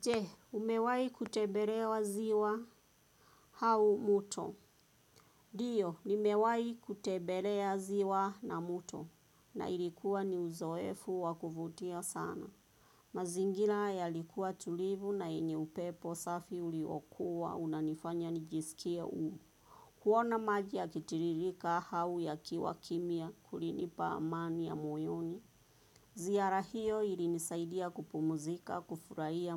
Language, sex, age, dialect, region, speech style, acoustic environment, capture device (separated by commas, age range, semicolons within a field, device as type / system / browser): Swahili, female, 25-34, Kenya - Nairobi Sheng-influenced, Kenya, focused; relaxed, traffic; nature, desktop / Windows / Chrome